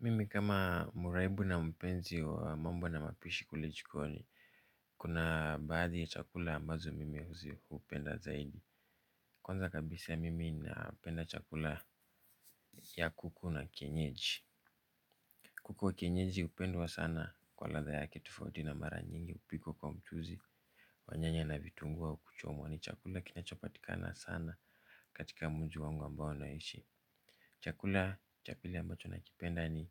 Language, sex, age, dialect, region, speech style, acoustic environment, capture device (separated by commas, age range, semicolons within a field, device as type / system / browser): Swahili, male, 25-34, Kenya - Nairobi Sheng-influenced, Kenya, relaxed; focused, silence, mobile / Linux / Chrome